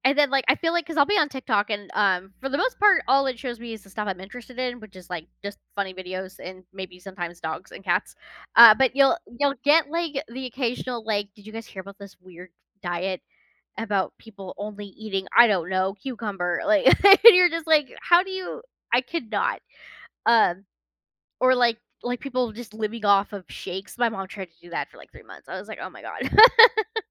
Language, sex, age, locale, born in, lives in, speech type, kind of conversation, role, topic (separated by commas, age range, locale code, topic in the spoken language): English, female, 30-34, en-US, United States, United States, conversation, unstructured, none, Why do you think so many people are attracted to diets that claim to offer fast results?